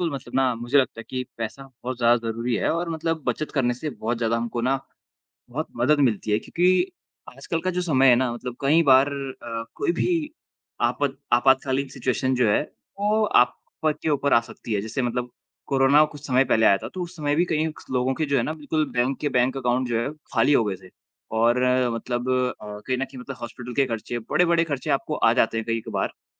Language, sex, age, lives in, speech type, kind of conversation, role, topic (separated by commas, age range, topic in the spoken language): Hindi, male, 20-24, India, conversation, unstructured, none, पैसे की बचत और खर्च के बीच संतुलन कैसे बनाएं?